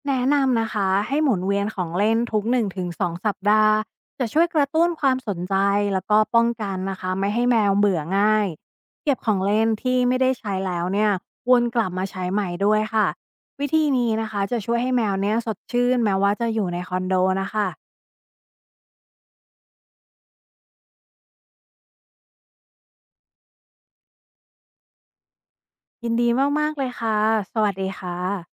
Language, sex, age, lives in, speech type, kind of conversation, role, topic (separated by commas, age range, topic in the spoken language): Thai, female, 35-39, Thailand, read, expert-assistant, expert, อยากเลี้ยงสุนัขหรือแมว ควรเลือกสายพันธุ์ไหนดีที่เข้ากับนิสัยและกิจวัตรประจำวันของเรา?